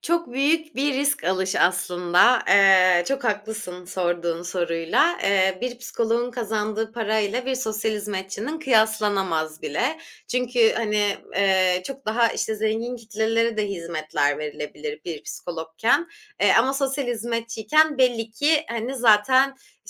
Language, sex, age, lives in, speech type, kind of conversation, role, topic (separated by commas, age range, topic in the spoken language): Turkish, female, 25-29, Germany, conversation, podcast, guest, Kariyer değişikliği kimliğini nasıl etkiledi?